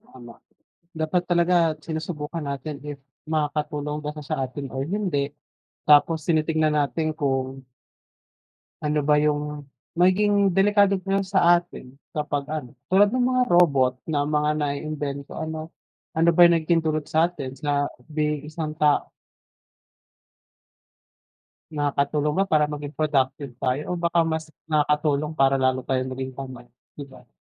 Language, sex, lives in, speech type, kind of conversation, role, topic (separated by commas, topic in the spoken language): Filipino, male, Philippines, conversation, unstructured, none, Anong bagong teknolohiya ang gusto mong subukan?